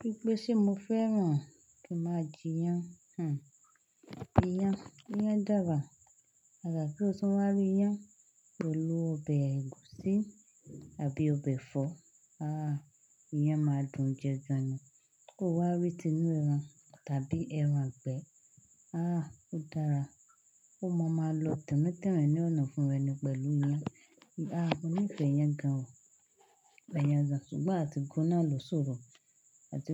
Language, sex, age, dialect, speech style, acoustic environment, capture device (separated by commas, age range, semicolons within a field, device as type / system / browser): Yoruba, female, 25-34, Nigeria - Lagos Yoruba, happy; focused, silence, desktop / Linux / Chrome